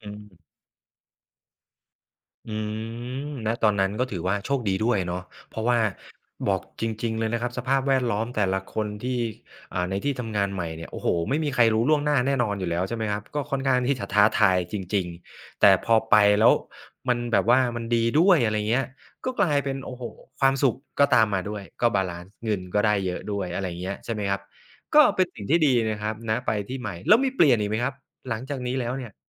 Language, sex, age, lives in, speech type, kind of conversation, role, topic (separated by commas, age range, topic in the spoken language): Thai, male, 35-39, Thailand, conversation, podcast, host, คุณจะเลือกงานแบบไหนเมื่อจำเป็นต้องเลือกระหว่างเงินกับความสุข?